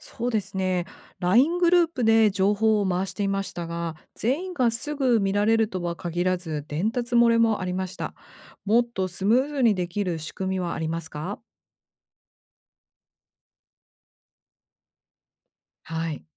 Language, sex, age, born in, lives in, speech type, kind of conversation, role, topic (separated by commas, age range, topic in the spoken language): Japanese, female, 45-49, Japan, United States, read, expert-assistant, asker, 困っている人をサポートするために、いろいろな人や団体とどうやってうまく協力できるでしょうか？